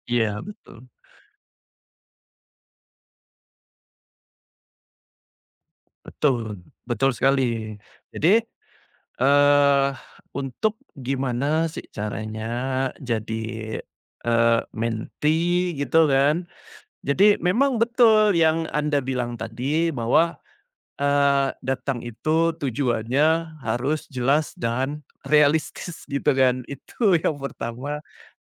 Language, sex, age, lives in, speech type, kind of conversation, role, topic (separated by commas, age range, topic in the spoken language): Indonesian, male, 40-44, Indonesia, conversation, podcast, guest, Bagaimana cara menjadi mentee yang proaktif?